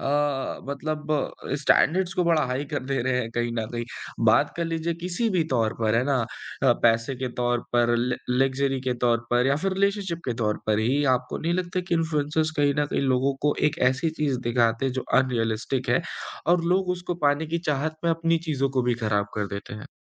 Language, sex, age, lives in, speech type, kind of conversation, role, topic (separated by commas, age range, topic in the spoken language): Hindi, male, 20-24, India, conversation, podcast, host, इन्फ्लुएंसर्स का समाज पर क्या असर होता है?